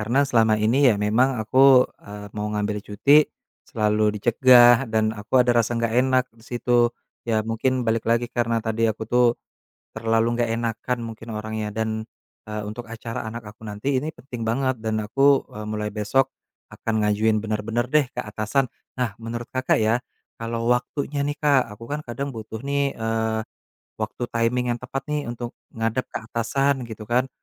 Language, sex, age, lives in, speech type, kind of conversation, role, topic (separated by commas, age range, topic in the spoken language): Indonesian, male, 30-34, Indonesia, conversation, advice, user, Konflik peran antara pekerjaan dan kehidupan pribadi